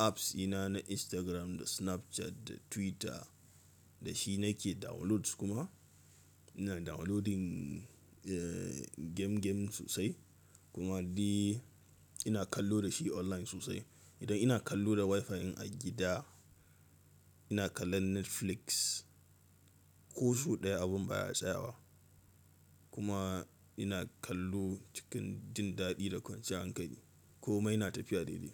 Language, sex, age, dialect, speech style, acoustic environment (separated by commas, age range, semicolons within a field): Hausa, male, 18-24, Nigeria - Katsina, nervous; excited, silence